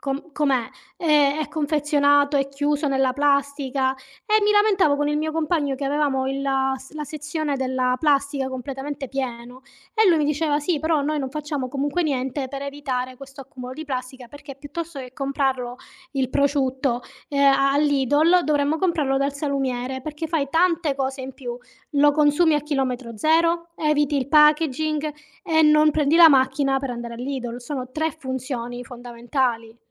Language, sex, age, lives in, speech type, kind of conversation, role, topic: Italian, female, 35-39, Italy, conversation, unstructured, none, Che cosa ti fa arrabbiare nella gestione delle risorse naturali?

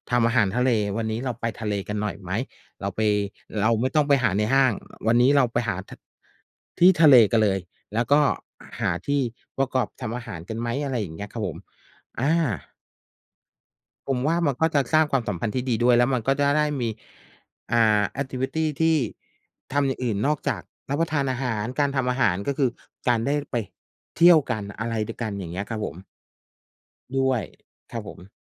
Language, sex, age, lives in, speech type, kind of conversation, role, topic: Thai, male, 45-49, Thailand, conversation, unstructured, none, คุณคิดว่าการรับประทานอาหารร่วมกันช่วยสร้างความสัมพันธ์ได้ไหม?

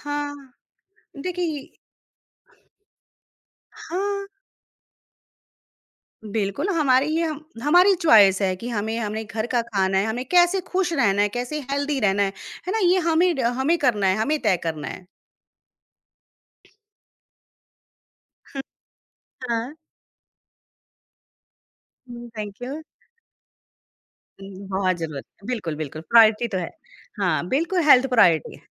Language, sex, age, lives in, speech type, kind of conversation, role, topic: Hindi, female, 45-49, India, conversation, podcast, guest, Kya tum apna koi aisa safar bata sakte ho jo tum kabhi bhool nahi sakte?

